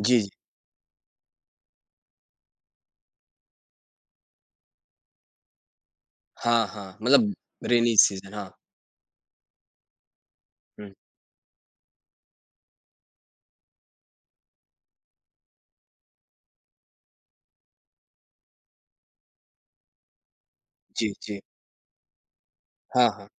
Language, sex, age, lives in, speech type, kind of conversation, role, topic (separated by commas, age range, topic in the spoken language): Hindi, male, 25-29, India, conversation, podcast, host, Rozmarra zindagi mein paani bachane ke kuchh simple tareeke kya hain?